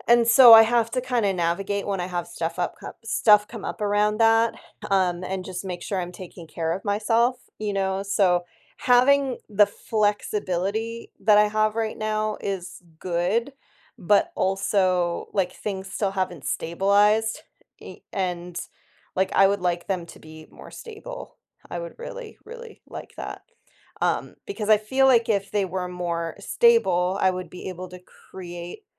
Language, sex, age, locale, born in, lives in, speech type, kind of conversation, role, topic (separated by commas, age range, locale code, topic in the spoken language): English, female, 35-39, en-US, United States, United States, conversation, podcast, guest, What habits help you maintain a healthy balance between your job and personal life?